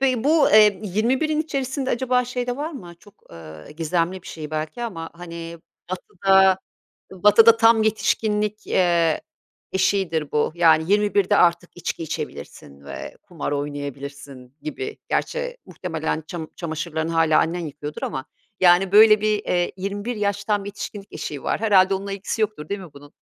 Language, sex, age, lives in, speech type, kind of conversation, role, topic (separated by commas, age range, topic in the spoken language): Turkish, female, 50-54, Italy, conversation, podcast, host, Egzersizi günlük rutinin bir parçası haline getirmenin yolları nelerdir?